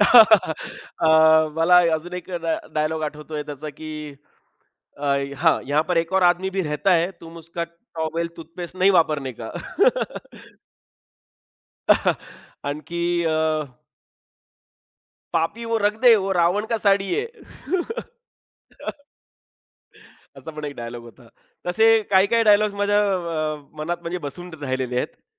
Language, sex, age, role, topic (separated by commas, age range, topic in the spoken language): Marathi, male, 35-39, guest, कुठल्या चित्रपटाचा प्रभाव तुझ्यावर आजही कायम आहे?